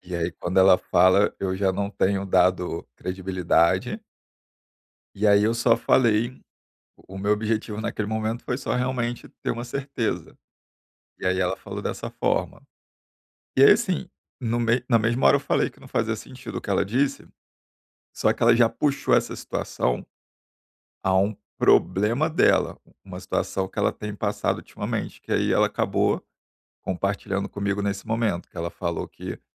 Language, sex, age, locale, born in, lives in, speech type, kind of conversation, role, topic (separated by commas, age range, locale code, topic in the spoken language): Portuguese, male, 35-39, pt-BR, Brazil, Germany, conversation, advice, user, Como lidar com feedbacks e críticas negativas sem perder a confiança profissional?